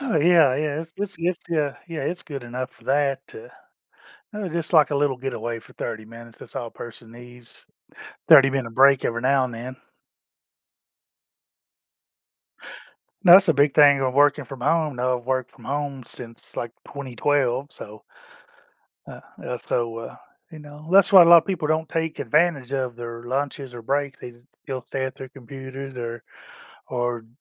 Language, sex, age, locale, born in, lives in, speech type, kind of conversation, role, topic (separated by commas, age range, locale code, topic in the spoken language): English, male, 50-54, en-US, United States, United States, conversation, advice, user, How can I notice and enjoy small joyful moments daily?